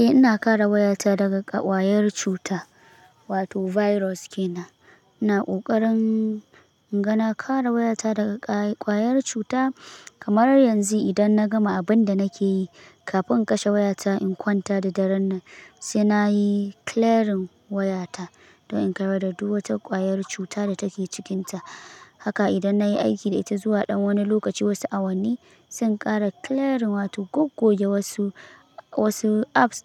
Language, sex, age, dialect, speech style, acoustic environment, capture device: Hausa, male, 18-24, Nigeria - Kano, relaxed; happy; focused; excited, silence; nature, mobile / Linux / Chrome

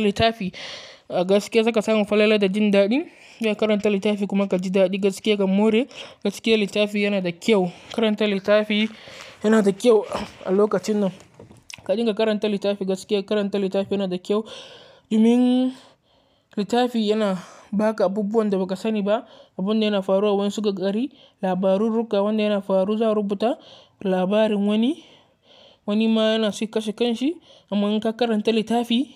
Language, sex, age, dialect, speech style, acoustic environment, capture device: Hausa, male, 18-24, Nigeria - Katsina, focused; relaxed, nature, mobile / Linux / Chrome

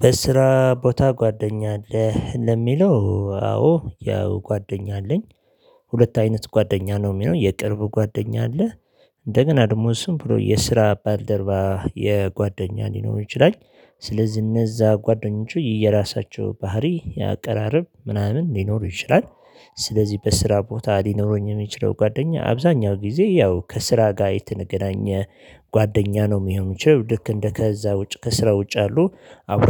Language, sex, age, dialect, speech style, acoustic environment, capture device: Amharic, male, 35-44, Ethiopia - Addis Ababa, happy, silence, mobile / Linux / Chrome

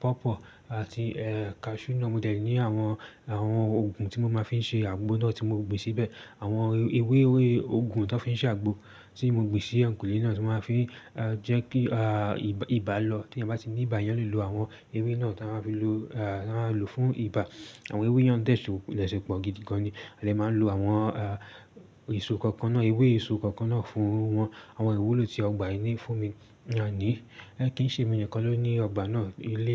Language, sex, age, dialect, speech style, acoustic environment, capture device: Yoruba, male, 18-24, Nigeria - Lagos Yoruba, excited, silence, desktop / Linux / Chrome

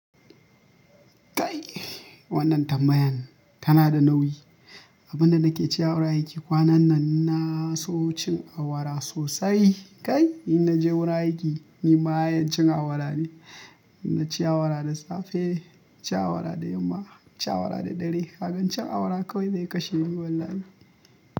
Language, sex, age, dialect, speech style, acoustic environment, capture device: Hausa, male, 25-34, Nigeria - Kano, happy, nature, mobile / Linux / Chrome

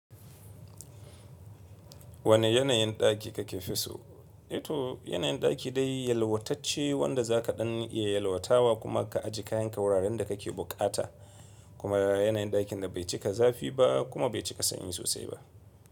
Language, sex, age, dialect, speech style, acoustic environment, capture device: Hausa, male, 25-34, Nigeria - Kano, relaxed, silence, mobile / Linux / Chrome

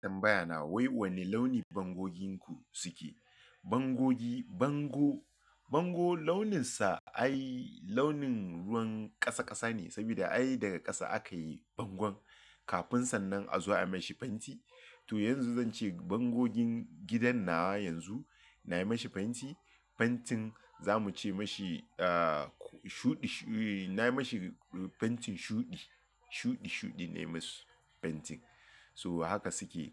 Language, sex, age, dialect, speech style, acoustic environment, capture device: Hausa, male, 35-44, Nigeria - Lagos Yoruba, focused, silence, mobile / Linux / Chrome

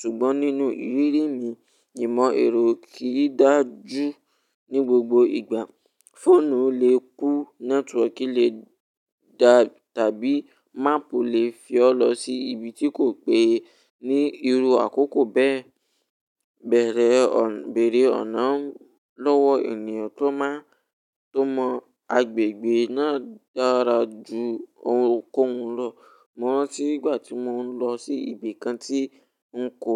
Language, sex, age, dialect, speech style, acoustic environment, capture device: Yoruba, male, 18-24, Nigeria - Ibadan, relaxed, silence, mobile / Linux / Chrome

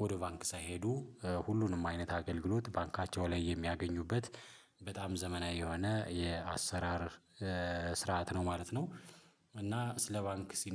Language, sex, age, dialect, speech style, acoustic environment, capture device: Amharic, male, 35-44, Ethiopia - Addis Ababa, happy, silence, mobile / Linux / Chrome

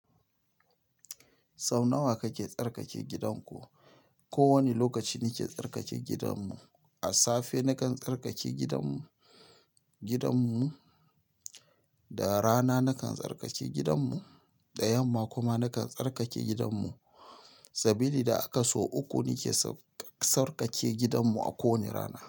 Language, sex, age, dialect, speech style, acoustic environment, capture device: Hausa, male, 35-44, Nigeria - Nigerian Standard, relaxed; focused, silence, mobile / Linux / Chrome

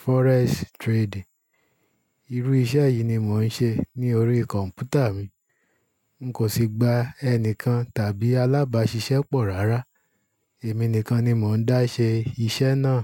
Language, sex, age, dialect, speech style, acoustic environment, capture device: Yoruba, male, 35-44, Nigeria - Ibadan, focused, silence, desktop / Linux / Chrome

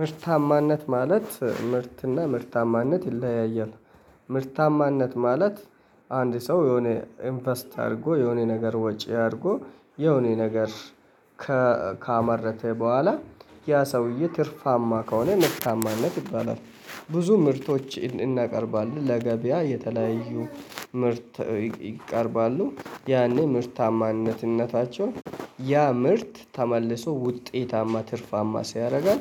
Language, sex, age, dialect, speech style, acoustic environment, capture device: Amharic, male, 25-34, Ethiopia - Addis Ababa, happy; relaxed, people talking; nature, mobile / Linux / Chrome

